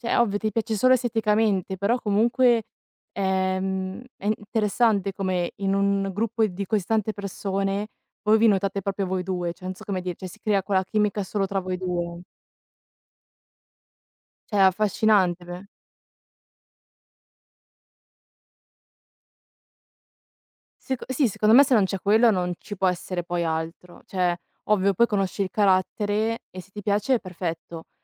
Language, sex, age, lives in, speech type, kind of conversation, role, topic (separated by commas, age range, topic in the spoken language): Italian, female, 20-24, Italy, conversation, unstructured, none, Come si può celebrare l’amore nella vita di tutti i giorni?